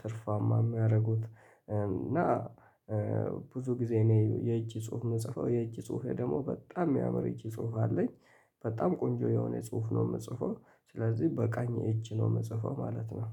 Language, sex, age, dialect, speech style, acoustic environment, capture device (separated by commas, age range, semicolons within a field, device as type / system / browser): Amharic, male, 25-34, Ethiopia - Addis Ababa, happy; excited; relaxed, people talking; nature, mobile / Linux / Chrome